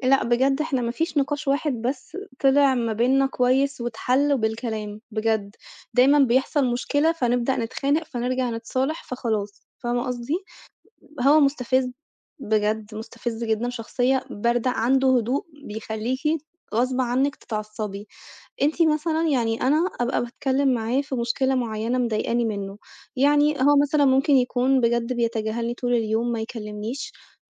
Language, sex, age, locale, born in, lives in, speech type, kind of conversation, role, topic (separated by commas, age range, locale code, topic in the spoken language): Arabic, female, 25-29, ar-EG, Egypt, Italy, conversation, advice, user, إزاي بتوصف إحساسك بالغضب الشديد بعد نقاش مع شريكك؟